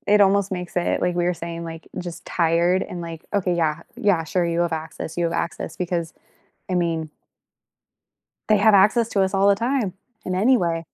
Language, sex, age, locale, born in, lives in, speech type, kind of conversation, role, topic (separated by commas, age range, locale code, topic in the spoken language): English, female, 25-29, en-US, United States, United States, conversation, unstructured, none, How do you balance convenience and privacy in the tech you use every day?